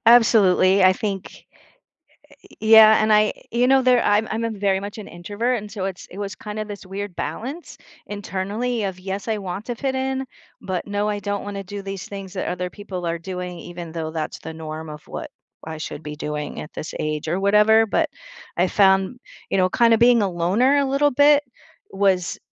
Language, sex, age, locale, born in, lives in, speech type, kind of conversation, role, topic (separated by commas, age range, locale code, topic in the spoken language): English, female, 55-59, en-US, United States, United States, conversation, podcast, guest, How do you stay true to yourself while connecting with others?